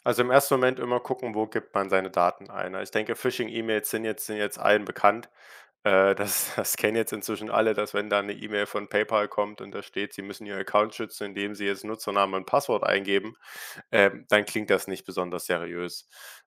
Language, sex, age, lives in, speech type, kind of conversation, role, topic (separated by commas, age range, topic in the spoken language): German, male, 18-19, Germany, conversation, podcast, guest, Wie wichtig ist dir Privatsphäre im Netz?